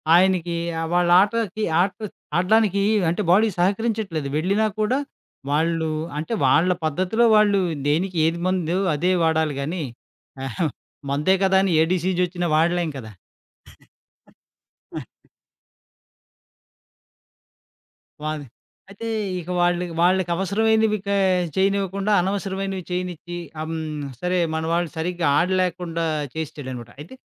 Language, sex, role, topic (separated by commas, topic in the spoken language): Telugu, male, guest, మెంటార్లు లేదా గురువులు మీ జీవిత ప్రయాణంలో మీకు ఎలా సహాయం చేశారు?